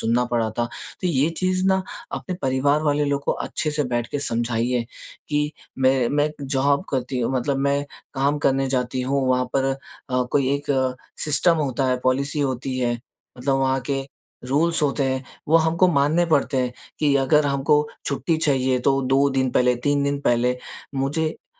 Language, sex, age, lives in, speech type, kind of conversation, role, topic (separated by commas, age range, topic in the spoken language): Hindi, male, 20-24, India, conversation, advice, advisor, मैं अपनी सीमाएँ और प्राथमिकताएँ साफ़ तरीके से कैसे तय करूँ?